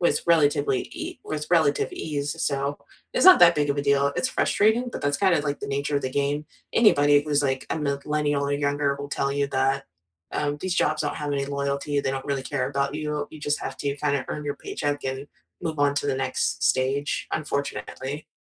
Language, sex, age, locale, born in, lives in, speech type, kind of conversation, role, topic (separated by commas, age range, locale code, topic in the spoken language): English, female, 30-34, en-US, United States, United States, conversation, unstructured, none, What did your first job teach you, and how does it still shape you?